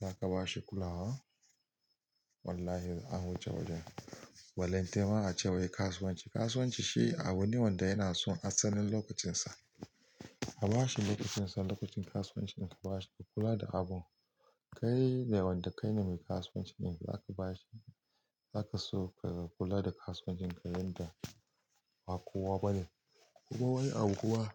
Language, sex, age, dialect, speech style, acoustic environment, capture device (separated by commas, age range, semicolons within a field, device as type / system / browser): Hausa, male, 35-44, Nigeria - Kano, relaxed, nature, mobile / Linux / Chrome